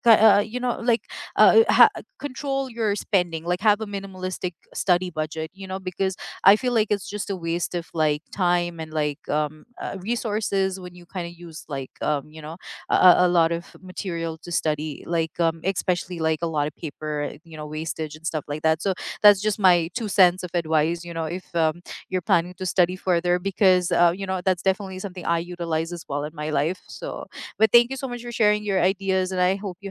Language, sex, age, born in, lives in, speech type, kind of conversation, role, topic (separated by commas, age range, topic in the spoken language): English, female, 35-39, United States, United States, conversation, unstructured, none, Which real-life study habits have truly worked for you, and how did you figure them out?